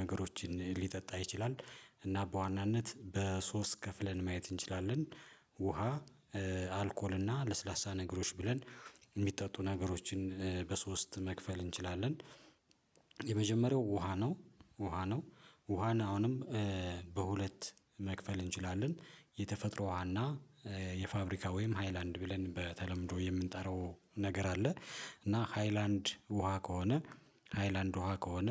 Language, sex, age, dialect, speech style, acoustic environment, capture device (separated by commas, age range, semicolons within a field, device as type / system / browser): Amharic, male, 35-44, Ethiopia - Addis Ababa, happy, silence, mobile / Linux / Chrome